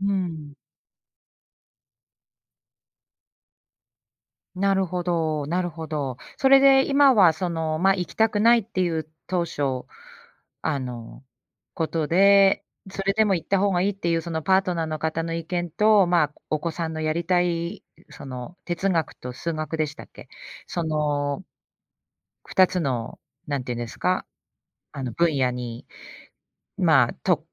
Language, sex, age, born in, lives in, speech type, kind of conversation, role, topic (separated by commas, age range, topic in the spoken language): Japanese, female, 45-49, Japan, United States, conversation, advice, advisor, 子どものしつけ方をめぐって親同士が対立しているとき、どうすればよいですか？